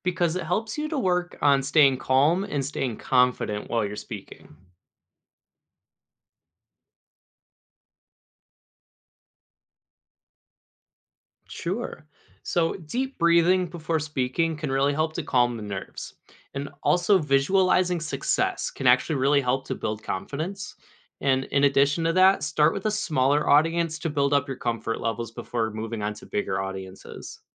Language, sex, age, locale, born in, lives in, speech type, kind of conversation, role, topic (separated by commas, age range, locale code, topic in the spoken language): English, male, 20-24, en-US, United States, United States, read, expert-assistant, expert, How can public speaking skills be improved?